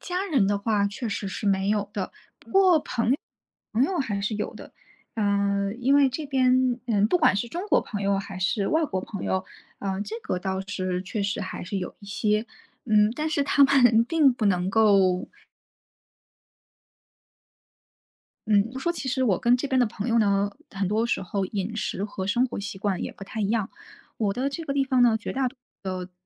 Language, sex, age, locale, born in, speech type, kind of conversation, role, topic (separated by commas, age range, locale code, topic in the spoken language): Chinese, female, 30-34, zh-CN, China, conversation, advice, user, 我因为想念家乡的食物和节日习惯而情绪低落，该怎么办？